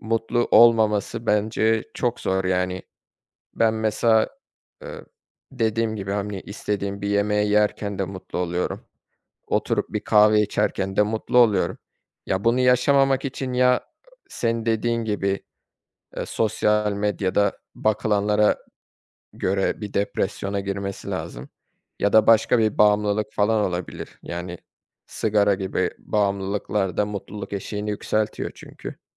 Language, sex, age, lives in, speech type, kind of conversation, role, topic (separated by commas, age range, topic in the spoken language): Turkish, male, 25-29, Germany, conversation, unstructured, none, Hangi anlarda kendini en mutlu hissedersin?